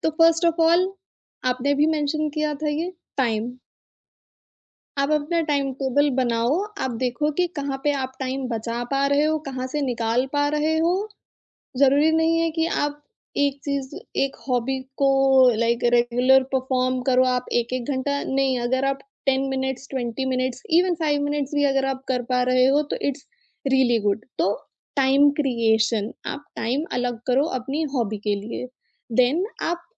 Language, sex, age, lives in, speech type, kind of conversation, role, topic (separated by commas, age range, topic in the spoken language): Hindi, female, 25-29, India, conversation, podcast, guest, Naya hobby start karne ke liye kaunse simple steps follow karne chahiye?